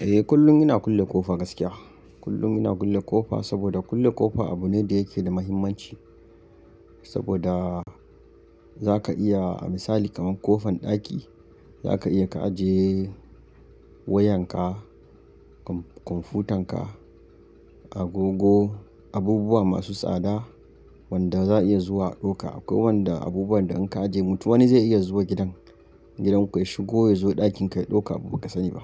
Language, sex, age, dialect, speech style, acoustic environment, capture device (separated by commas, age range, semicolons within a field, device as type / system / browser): Hausa, male, 25-34, Nigeria - Kano, happy; focused, nature, mobile / Linux / Chrome